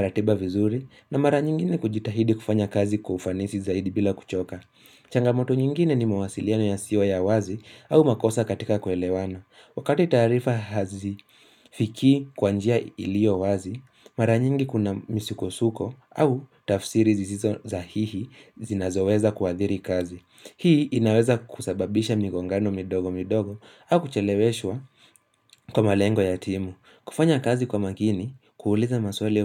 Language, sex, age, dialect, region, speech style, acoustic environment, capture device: Swahili, male, 18-24, Kenya - Nairobi Sheng-influenced, Kenya, relaxed; focused, silence, mobile / Linux / Chrome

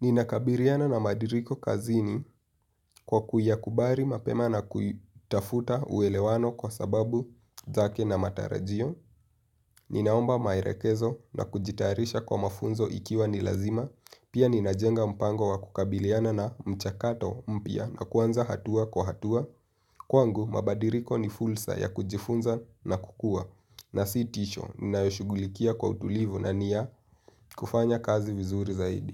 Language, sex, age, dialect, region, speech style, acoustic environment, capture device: Swahili, male, 18-24, Kenya - Nairobi Sheng-influenced, Kenya, happy; relaxed; focused, nature; silence, mobile / Linux / Chrome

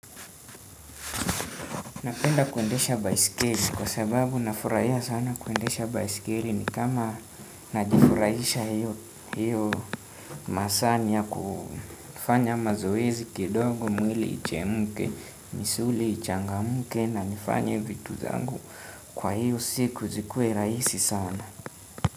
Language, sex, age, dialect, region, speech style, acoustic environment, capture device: Swahili, male, 18-24, Kenya - Nairobi Sheng-influenced, Kenya, relaxed, silence, desktop / Windows / Chrome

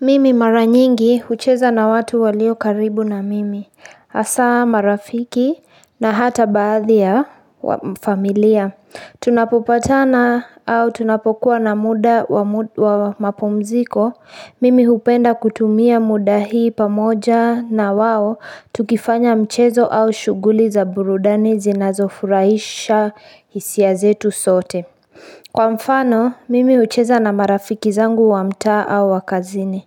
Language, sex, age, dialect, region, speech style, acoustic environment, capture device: Swahili, female, 25-34, Kenya - Nairobi Sheng-influenced, Kenya, focused, construction, mobile / Linux / Chrome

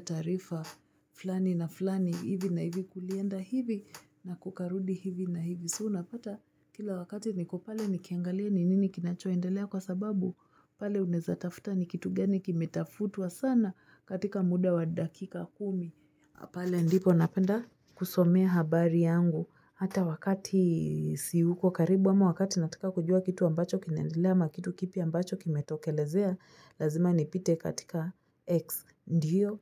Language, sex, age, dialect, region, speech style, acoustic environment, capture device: Swahili, female, 25-34, Kenya - Nairobi Sheng-influenced, Kenya, happy, nature, mobile / Linux / Chrome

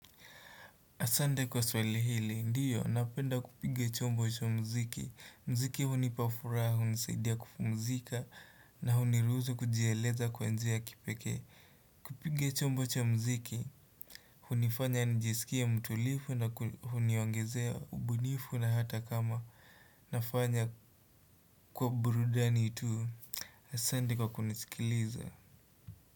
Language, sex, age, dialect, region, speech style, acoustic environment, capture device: Swahili, male, 18-24, Kenya - Nairobi Sheng-influenced, Kenya, happy; relaxed; focused, nature; silence, desktop / Windows / Chrome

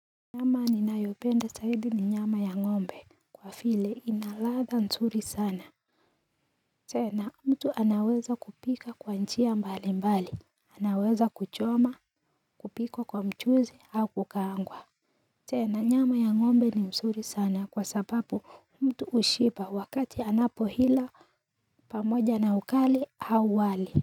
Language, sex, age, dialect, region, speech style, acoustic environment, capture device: Swahili, female, 18-24, Kenya - Nairobi Sheng-influenced, Kenya, happy; excited, nature, mobile / Linux / Chrome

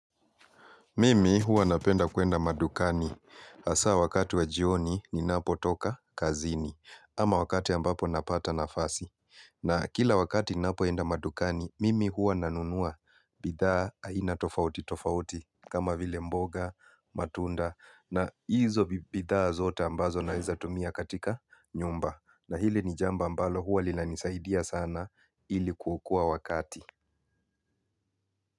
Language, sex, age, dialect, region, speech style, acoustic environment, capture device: Swahili, male, 35-44, Kenya - Mombasa, Kenya, focused, appliances; people talking, mobile / Linux / Chrome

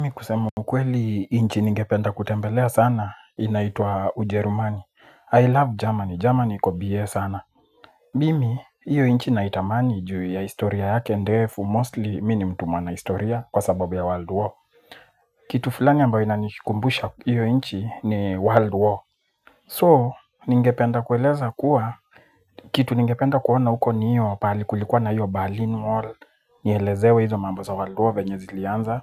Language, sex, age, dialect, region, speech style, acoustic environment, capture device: Swahili, male, 25-34, Kenya - Nairobi Sheng-influenced, Kenya, focused; excited; nervous, people talking; music; nature, mobile / Linux / Chrome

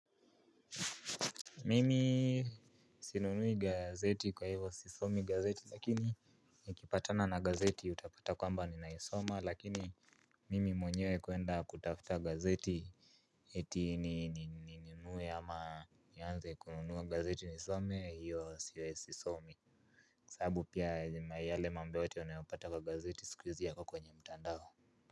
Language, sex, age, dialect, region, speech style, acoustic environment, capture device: Swahili, male, 18-24, Kenya - Nairobi Sheng-influenced, Kenya, relaxed, appliances, mobile / Linux / Chrome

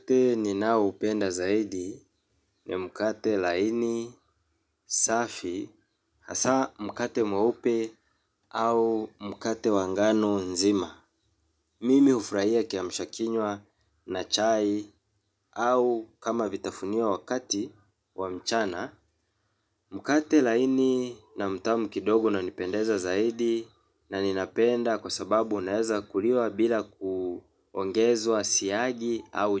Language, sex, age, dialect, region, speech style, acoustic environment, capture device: Swahili, male, 25-34, Kenya - Mombasa, Kenya, relaxed, silence, mobile / Linux / Chrome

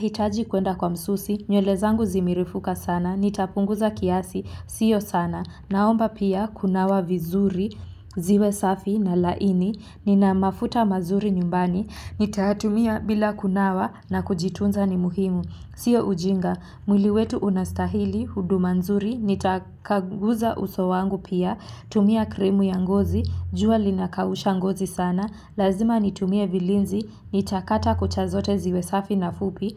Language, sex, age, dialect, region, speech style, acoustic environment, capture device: Swahili, female, 18-24, Kenya - Nairobi Sheng-influenced, Kenya, relaxed, nature, mobile / Linux / Chrome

